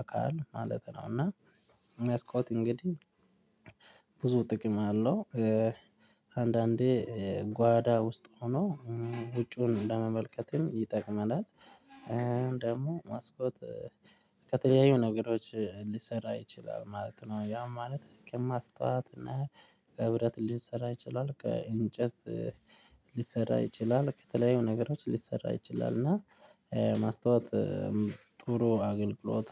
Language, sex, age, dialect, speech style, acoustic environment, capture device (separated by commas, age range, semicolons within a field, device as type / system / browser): Amharic, male, 25-34, Ethiopia - Addis Ababa, happy, people talking; traffic; music, mobile / Linux / Chrome